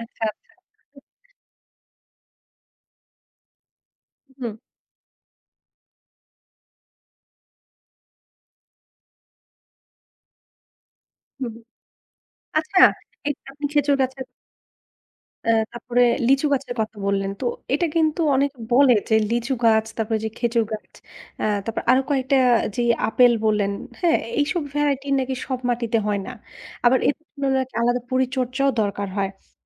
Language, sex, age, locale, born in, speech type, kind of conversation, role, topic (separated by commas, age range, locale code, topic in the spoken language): Bengali, female, 35-39, bn-BD, Bangladesh, conversation, podcast, host, তুমি বৃক্ষরোপণ বা বাগানপালন নিয়ে কী ভাবো?